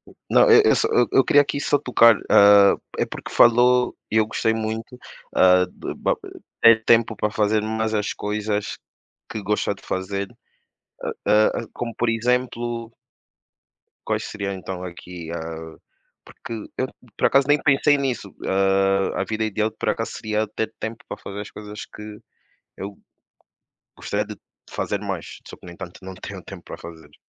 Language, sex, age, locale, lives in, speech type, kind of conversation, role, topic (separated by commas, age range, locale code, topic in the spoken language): Portuguese, male, 25-29, pt-PT, Portugal, conversation, unstructured, none, Como você imagina sua vida ideal no futuro?